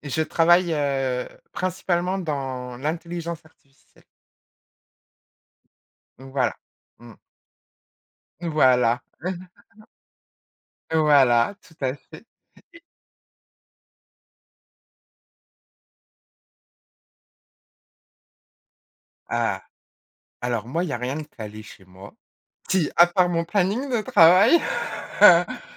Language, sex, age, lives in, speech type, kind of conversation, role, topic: French, female, 40-44, France, conversation, podcast, guest, Comment trouves-tu l’équilibre entre le travail et la vie personnelle ?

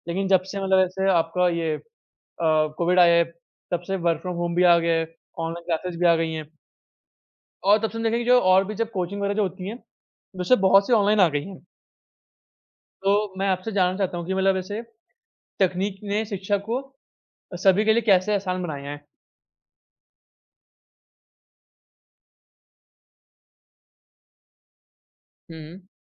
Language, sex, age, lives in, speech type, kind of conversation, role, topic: Hindi, male, 45-49, India, conversation, unstructured, none, क्या तकनीक ने शिक्षा को सभी के लिए अधिक आसान और सुलभ बनाया है?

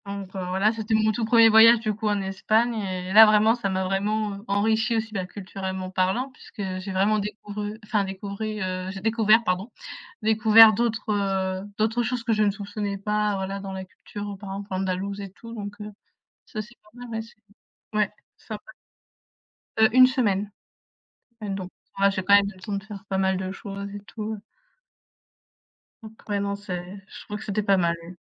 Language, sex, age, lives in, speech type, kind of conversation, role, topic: French, female, 20-24, France, conversation, unstructured, none, Comment la diversité culturelle enrichit-elle une société ?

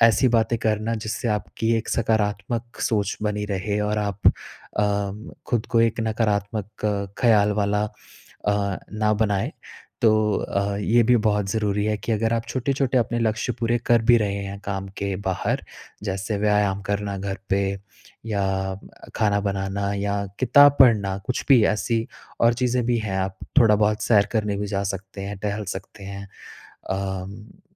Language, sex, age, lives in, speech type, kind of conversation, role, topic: Hindi, male, 30-34, India, conversation, advice, advisor, मुझे प्रेरणा बनाए रखना मुश्किल लगता है, मैं कैसे लगातार काम कर सकूँ?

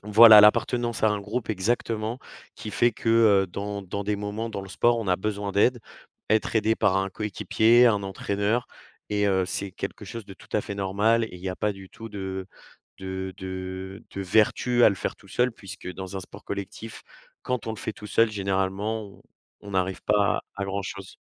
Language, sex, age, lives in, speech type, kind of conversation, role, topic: French, male, 20-24, France, conversation, podcast, guest, Comment gères-tu ton stress au quotidien ?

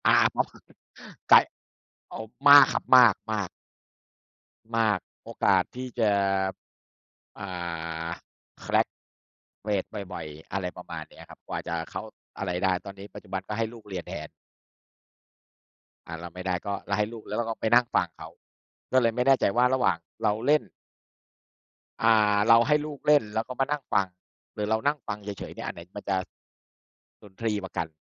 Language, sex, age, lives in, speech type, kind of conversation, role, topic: Thai, male, 40-44, Thailand, conversation, unstructured, none, คุณชอบฟังเพลงหรือเล่นดนตรีมากกว่ากัน?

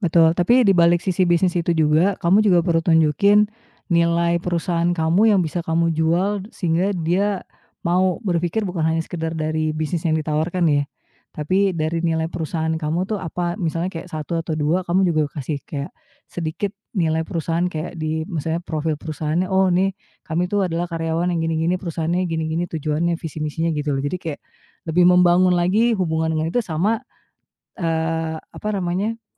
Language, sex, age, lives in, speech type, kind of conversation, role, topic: Indonesian, female, 35-39, Indonesia, conversation, advice, advisor, Bagaimana cara mengatasi kecemasan sebelum presentasi penting di depan banyak orang?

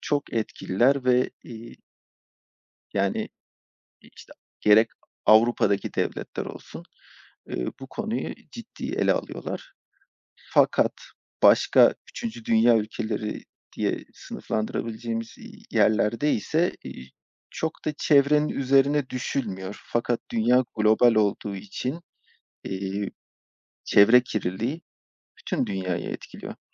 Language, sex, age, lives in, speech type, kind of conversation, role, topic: Turkish, male, 35-39, Poland, conversation, unstructured, none, Sence insanlar neden çevreyi korumakta zorlanıyor?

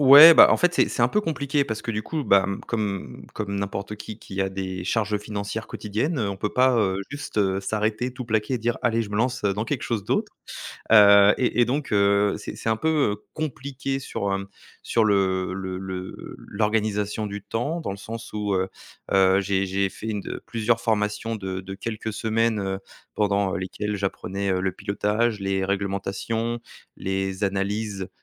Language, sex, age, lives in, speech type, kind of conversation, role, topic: French, male, 30-34, France, conversation, advice, user, Envisagez-vous de reprendre des études ou de vous reconvertir professionnellement à l’âge adulte, et pour quelles raisons ?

